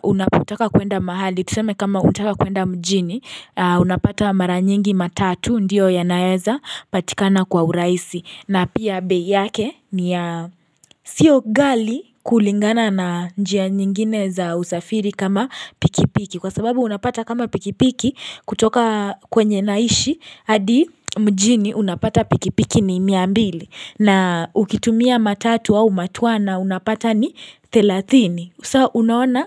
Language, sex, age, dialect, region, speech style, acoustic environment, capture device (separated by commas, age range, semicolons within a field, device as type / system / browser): Swahili, female, 18-24, Kenya - Nairobi Sheng-influenced, Kenya, relaxed; happy; excited; focused, silence, mobile / Linux / Chrome